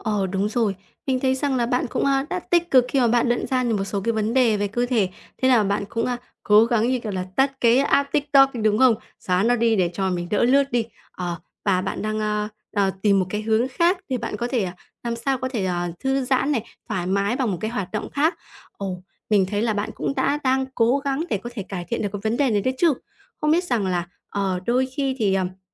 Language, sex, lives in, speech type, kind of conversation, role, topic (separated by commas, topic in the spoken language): Vietnamese, female, Vietnam, conversation, advice, advisor, Làm sao để không bị các thiết bị số làm phân tâm khi thư giãn?